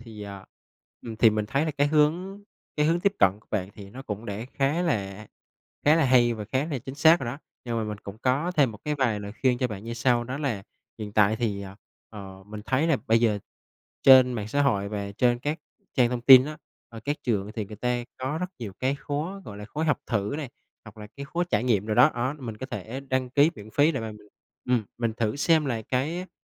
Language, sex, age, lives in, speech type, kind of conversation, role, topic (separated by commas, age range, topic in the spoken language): Vietnamese, male, 25-29, Vietnam, conversation, advice, advisor, Bạn quyết định học lại để lấy bằng mới vì lý do gì?